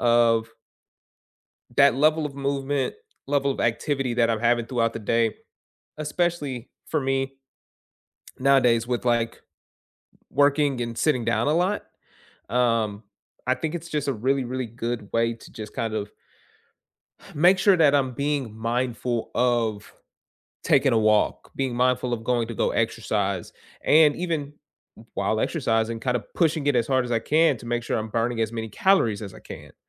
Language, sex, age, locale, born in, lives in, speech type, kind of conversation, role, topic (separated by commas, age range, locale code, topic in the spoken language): English, male, 25-29, en-US, United States, United States, conversation, unstructured, none, How have wearables actually changed your daily habits, and how can we support each other?